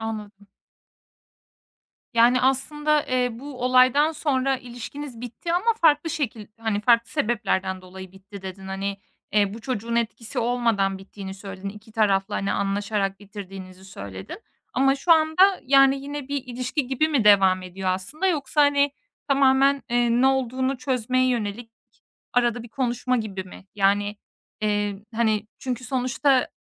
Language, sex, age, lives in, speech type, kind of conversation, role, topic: Turkish, female, 25-29, Estonia, conversation, advice, advisor, Aldatma sonrası ilişkiyi sürdürmeli miyim, yoksa bitirmeli miyim?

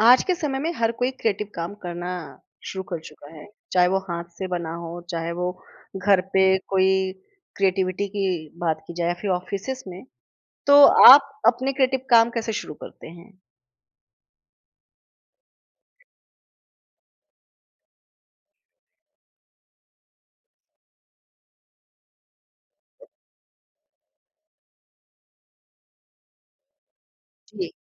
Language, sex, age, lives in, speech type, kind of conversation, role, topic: Hindi, female, 30-34, India, conversation, podcast, host, आप अपना रचनात्मक काम शुरू कैसे करते हैं?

